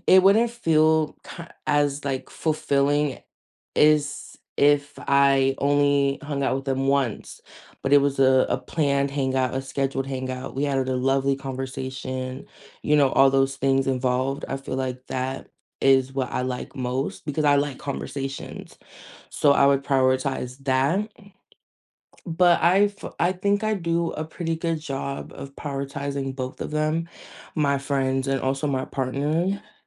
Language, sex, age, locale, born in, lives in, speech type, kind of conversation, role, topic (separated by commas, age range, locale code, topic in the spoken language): English, female, 20-24, en-US, United States, United States, conversation, unstructured, none, How do you make time for what—and who—matters most in everyday life?